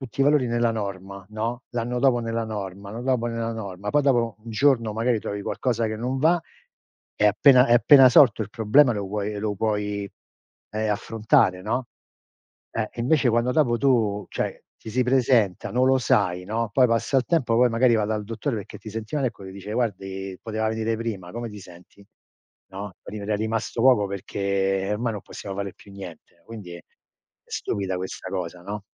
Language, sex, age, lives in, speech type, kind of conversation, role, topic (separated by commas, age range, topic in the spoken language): Italian, male, 60-64, United States, conversation, unstructured, none, Ti preoccupa il futuro se non ti prendi cura del tuo corpo adesso?